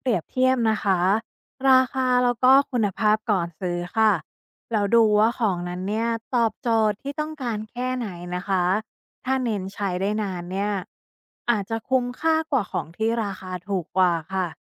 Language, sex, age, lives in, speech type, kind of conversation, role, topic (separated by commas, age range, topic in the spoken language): Thai, female, 35-39, Thailand, read, expert-assistant, expert, เวลาจะเลือกซื้อของกินหรือของใช้ เราควรตัดสินใจอย่างไรให้ได้ทั้งความสุขและไม่เสียเงินเกินจำเป็น